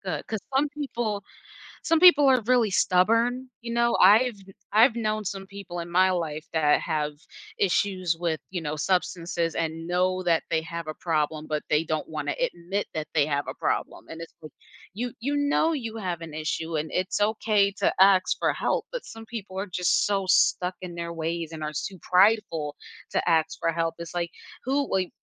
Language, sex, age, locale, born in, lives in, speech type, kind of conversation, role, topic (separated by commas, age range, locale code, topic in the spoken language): English, female, 25-29, en-US, United States, United States, conversation, advice, advisor, How can I maintain and deepen a renewed friendship after reconnecting with an old friend?